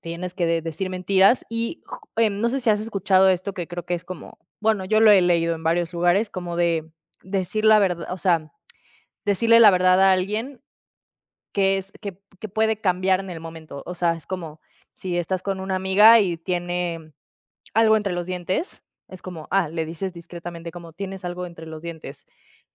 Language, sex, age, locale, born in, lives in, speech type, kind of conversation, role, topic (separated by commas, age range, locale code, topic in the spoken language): Spanish, female, 30-34, es-MX, Mexico, Mexico, conversation, unstructured, none, ¿Crees que siempre está bien decir la verdad, aunque duela?